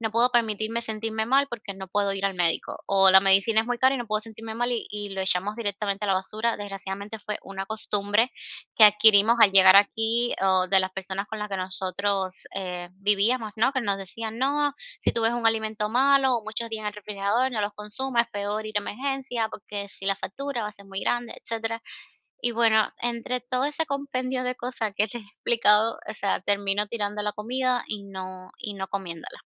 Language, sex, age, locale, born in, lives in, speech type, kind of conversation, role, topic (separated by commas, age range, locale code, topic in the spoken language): Spanish, female, 25-29, es-CU, Cuba, United States, conversation, advice, user, ¿Cómo puedo conservar mejor los alimentos y reducir el desperdicio?